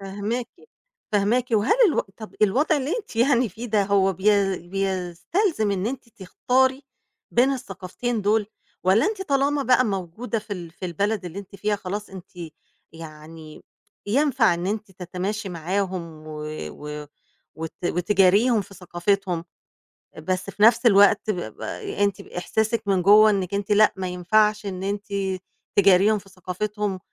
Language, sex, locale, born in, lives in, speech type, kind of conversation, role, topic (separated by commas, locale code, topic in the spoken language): Arabic, female, ar-EG, Egypt, Egypt, conversation, podcast, host, إزاي بتوصف إحساس إنك عايش بين ثقافتين؟